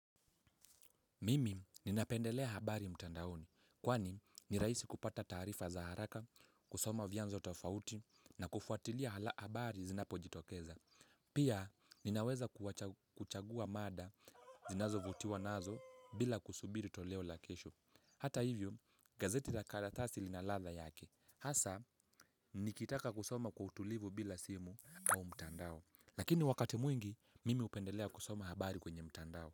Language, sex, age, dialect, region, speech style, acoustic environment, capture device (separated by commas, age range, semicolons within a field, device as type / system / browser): Swahili, male, 18-24, Kenya - Mombasa, Kenya, happy; relaxed; focused, people talking; nature, mobile / Linux / Chrome